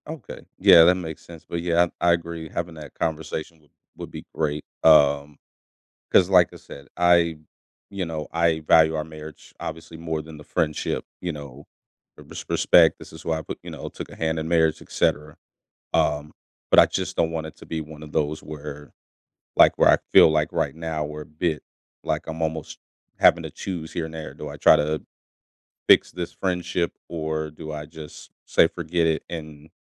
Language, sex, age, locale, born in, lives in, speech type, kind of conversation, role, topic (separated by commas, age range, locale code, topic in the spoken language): English, male, 30-34, en-US, United States, United States, conversation, advice, user, How do I handle a friendship after a betrayal?